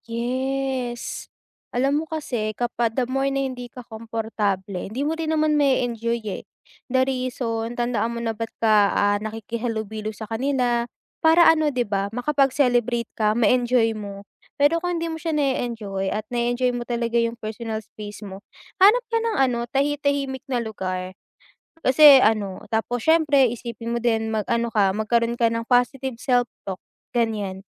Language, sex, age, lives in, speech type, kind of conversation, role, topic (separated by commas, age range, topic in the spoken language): Filipino, female, 20-24, Philippines, conversation, advice, advisor, Paano ako magiging mas kumportable sa malalaking pagtitipon kapag nahihiya ako?